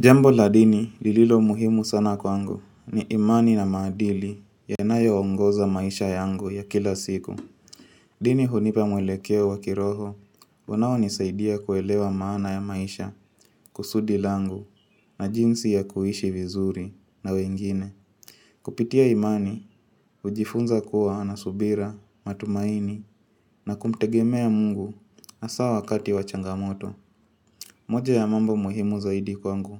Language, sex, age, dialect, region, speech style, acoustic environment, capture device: Swahili, female, 25-34, Kenya - Mombasa, Kenya, relaxed; focused, music; nature, mobile / Linux / Chrome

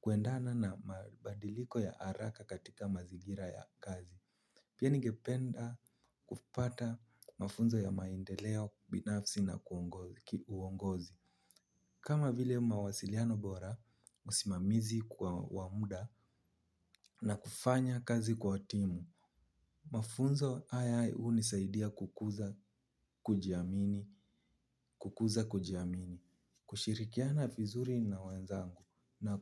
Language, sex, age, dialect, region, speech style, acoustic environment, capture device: Swahili, male, 18-24, Kenya - Nairobi Sheng-influenced, Kenya, relaxed, nature, mobile / Linux / Chrome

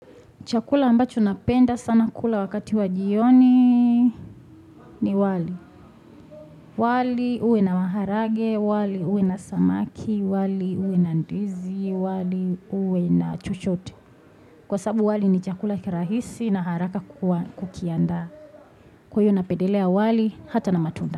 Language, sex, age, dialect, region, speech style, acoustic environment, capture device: Swahili, female, 25-34, Tanzania - Dar es Salaam, Tanzania, focused, appliances, desktop / Windows / Chrome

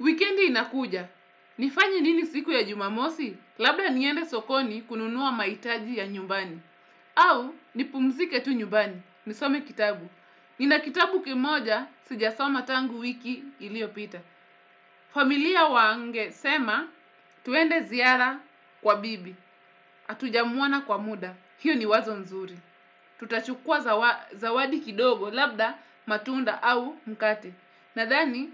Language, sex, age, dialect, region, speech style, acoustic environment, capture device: Swahili, female, 25-34, Kenya - Mombasa, Kenya, relaxed, silence, desktop / Windows / Edge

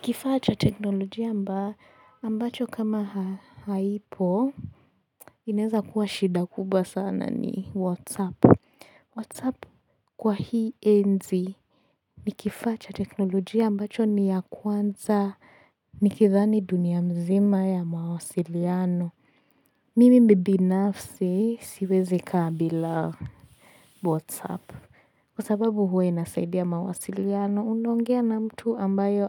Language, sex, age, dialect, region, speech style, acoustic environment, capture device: Swahili, female, 18-24, Kenya - Mombasa, Kenya, relaxed, silence, desktop / Linux / Chrome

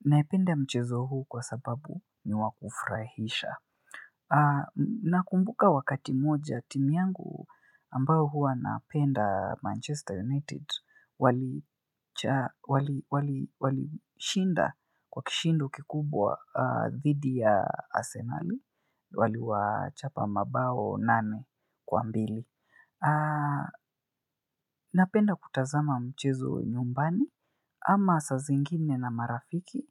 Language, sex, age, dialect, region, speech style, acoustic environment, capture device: Swahili, male, 25-34, Kenya - Nairobi Sheng-influenced, Kenya, focused; relaxed; excited, silence, mobile / Linux / Chrome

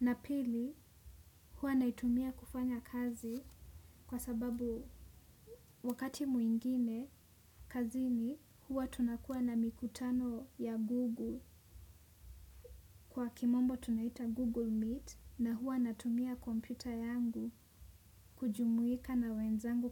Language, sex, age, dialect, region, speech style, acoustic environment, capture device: Swahili, female, 18-24, Kenya - Nairobi Sheng-influenced, Kenya, relaxed; focused, traffic; nature, desktop / Windows / Chrome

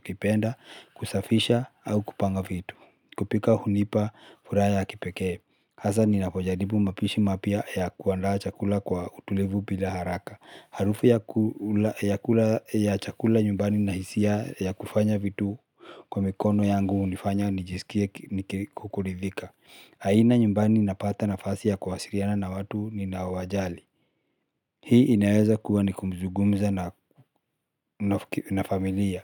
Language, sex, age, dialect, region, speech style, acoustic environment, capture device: Swahili, male, 35-44, Kenya - Nairobi Sheng-influenced, Kenya, tired, silence, mobile / Linux / Chrome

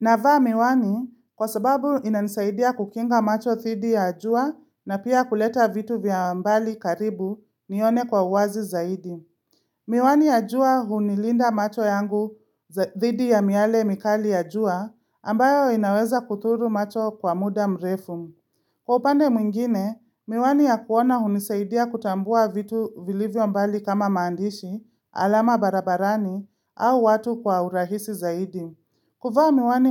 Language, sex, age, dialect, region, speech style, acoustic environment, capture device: Swahili, female, 25-34, Kenya - Nairobi Sheng-influenced, Kenya, relaxed; excited; focused, silence, mobile / Linux / Chrome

